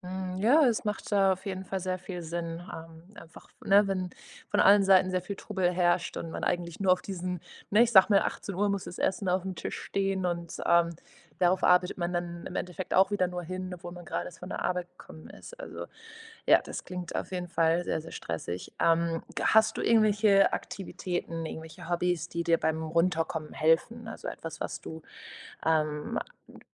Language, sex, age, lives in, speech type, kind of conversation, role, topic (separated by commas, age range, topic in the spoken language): German, female, 25-29, Portugal, conversation, advice, advisor, Wie kann ich bessere Pausen machen und Stress im Alltag reduzieren?